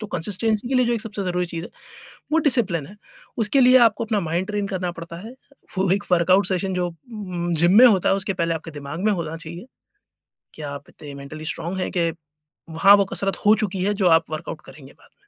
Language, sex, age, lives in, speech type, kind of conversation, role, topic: Hindi, male, 30-34, India, conversation, podcast, guest, Busy din mein consistency kaise maintain karte ho, aur kaun se tips kaam aate hain?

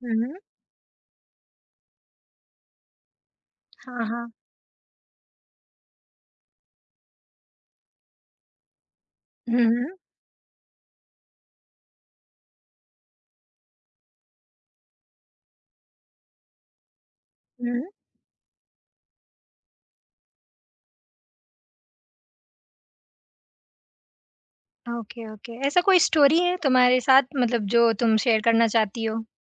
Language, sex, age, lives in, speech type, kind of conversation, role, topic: Hindi, female, 20-24, India, conversation, podcast, host, Jab tumhe kisi ko mana karna ho, tum kaise bolte ho?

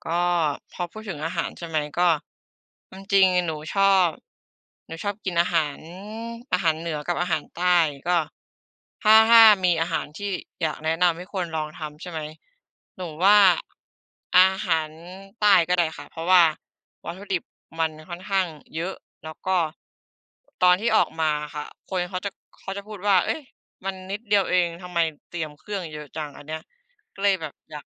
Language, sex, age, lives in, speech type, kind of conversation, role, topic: Thai, female, 25-29, Thailand, conversation, unstructured, none, ถ้ามีโอกาส คุณอยากแนะนำเมนูอาหารอะไรให้คนอื่นลองทำเอง?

